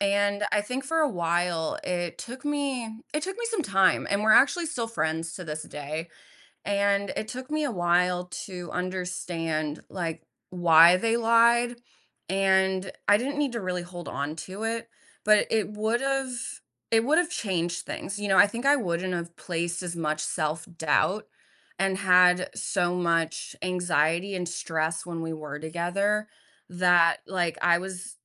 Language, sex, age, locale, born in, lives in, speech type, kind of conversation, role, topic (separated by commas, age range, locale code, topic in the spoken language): English, female, 30-34, en-US, United States, United States, conversation, podcast, guest, How does being honest shape your relationships and daily choices?